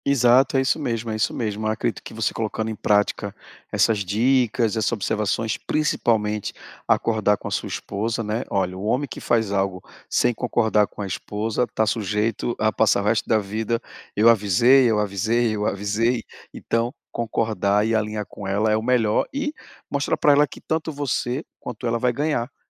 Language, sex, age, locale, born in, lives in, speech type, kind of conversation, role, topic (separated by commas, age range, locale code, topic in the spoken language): Portuguese, male, 40-44, pt-BR, Brazil, Portugal, conversation, advice, advisor, Como posso equilibrar melhor o lazer sem descuidar das responsabilidades?